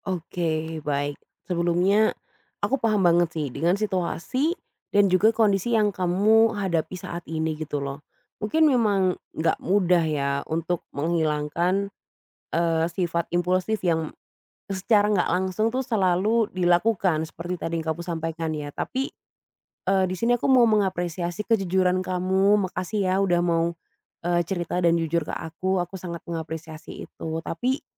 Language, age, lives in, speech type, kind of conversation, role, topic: Indonesian, 25-29, Indonesia, conversation, advice, advisor, Bagaimana cara mengurangi kebiasaan bertindak impulsif sehari-hari?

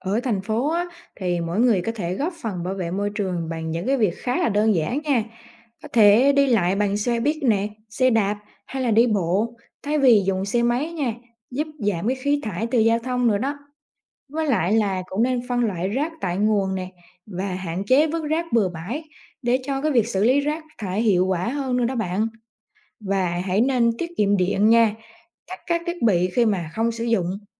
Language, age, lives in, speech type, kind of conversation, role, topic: Vietnamese, 20-24, Vietnam, read, expert-assistant, expert, Những ảnh hưởng của biến đổi khí hậu đến cuộc sống con người là gì?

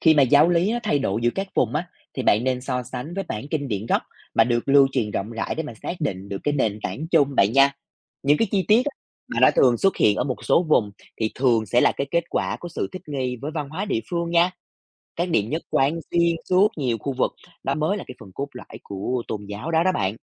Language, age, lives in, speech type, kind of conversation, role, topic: Vietnamese, 25-29, Vietnam, read, expert-assistant, expert, Nếu muốn tìm hiểu lịch sử tôn giáo mà không bị rối, bạn sẽ bắt đầu từ đâu và sắp xếp thông tin như thế nào cho dễ hiểu?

